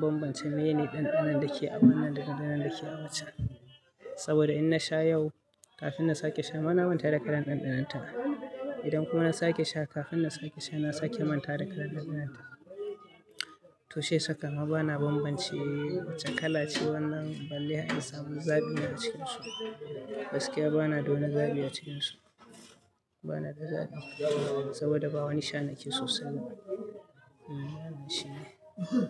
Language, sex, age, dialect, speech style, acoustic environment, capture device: Hausa, male, 25-34, Nigeria - Katsina, happy; anxious; tired; nervous; excited; focused; stressed; relaxed, people talking; music; nature; silence; appliances; construction; traffic, mobile / Linux / Chrome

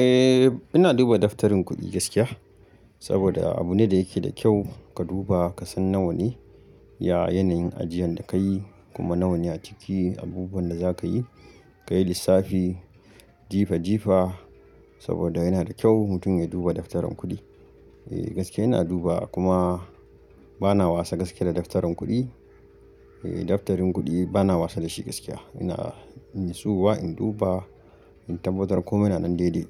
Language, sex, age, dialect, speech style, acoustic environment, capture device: Hausa, male, 25-34, Nigeria - Kano, happy; focused, nature, mobile / Linux / Chrome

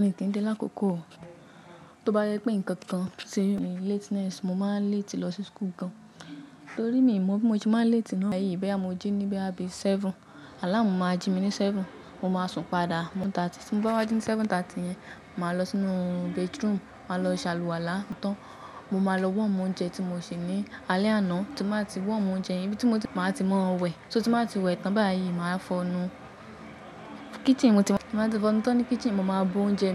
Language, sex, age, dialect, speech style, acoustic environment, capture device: Yoruba, female, 18-24, Nigeria - Ibadan, relaxed, people talking, mobile / macOS / Safari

